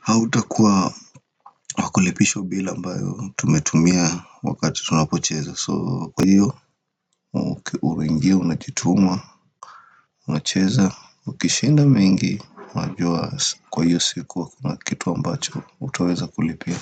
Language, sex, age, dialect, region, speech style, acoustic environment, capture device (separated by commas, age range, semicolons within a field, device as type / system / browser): Swahili, male, 25-34, Kenya - Nairobi Sheng-influenced, Kenya, relaxed; focused; happy, silence, desktop / Windows / Firefox